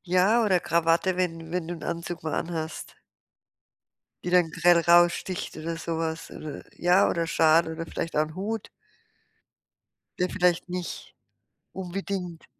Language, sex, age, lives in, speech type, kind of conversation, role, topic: German, female, 60-64, Germany, conversation, advice, advisor, Wie finde ich einen Kleidungsstil, in dem ich mich wohlfühle?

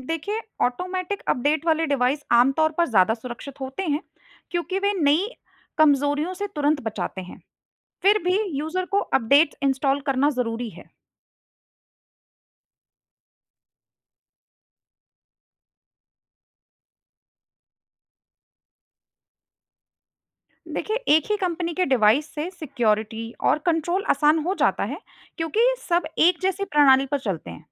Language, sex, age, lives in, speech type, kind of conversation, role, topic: Hindi, female, 25-29, India, read, expert-assistant, expert, घर को स्मार्ट होम में बदलने के लाभ और संभावित चुनौतियाँ क्या हो सकती हैं?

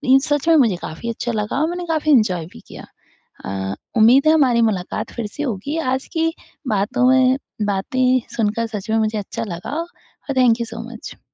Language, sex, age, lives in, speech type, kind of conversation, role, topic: Hindi, female, 20-24, India, conversation, podcast, host, Food-related hobbies mein aapki sabse zyada dilchaspi kis cheez mein hai?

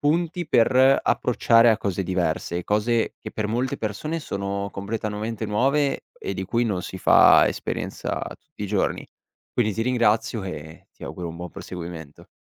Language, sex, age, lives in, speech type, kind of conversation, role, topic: Italian, male, 18-19, Italy, conversation, podcast, host, Puoi raccontarmi di un progetto che ti ha insegnato molto?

